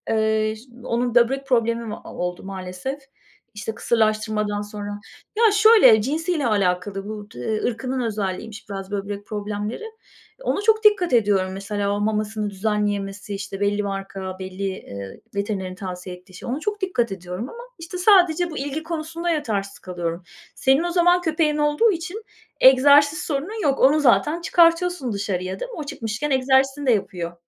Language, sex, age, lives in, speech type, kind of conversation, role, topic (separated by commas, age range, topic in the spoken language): Turkish, female, 45-49, Spain, conversation, unstructured, none, Evcil hayvanların günlük bakımı nasıl yapılır?